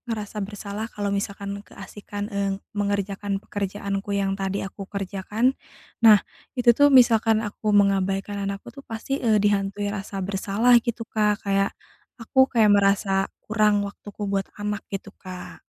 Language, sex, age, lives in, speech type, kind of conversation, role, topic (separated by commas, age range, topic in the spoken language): Indonesian, female, 30-34, Indonesia, conversation, advice, user, Bagaimana cara menyeimbangkan kerja, istirahat, dan waktu pribadi?